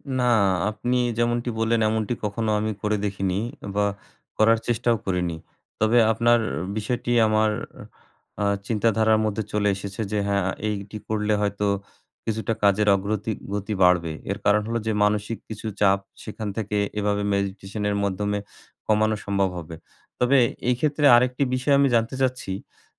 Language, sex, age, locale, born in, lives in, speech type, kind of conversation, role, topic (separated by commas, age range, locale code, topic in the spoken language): Bengali, male, 40-44, bn-BD, Bangladesh, Bangladesh, conversation, advice, user, অতিরিক্ত কাজের চাপ কি রাতে আপনার ঘুম আসতে বাধা দিচ্ছে?